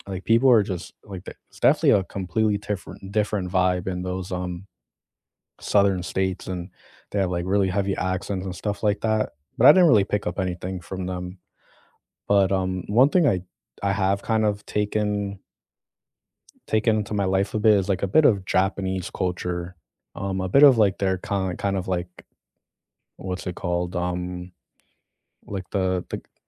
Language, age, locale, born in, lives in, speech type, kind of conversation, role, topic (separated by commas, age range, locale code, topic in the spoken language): English, 25-29, en-US, United States, United States, conversation, unstructured, none, What small cultural habits have you picked up from other places?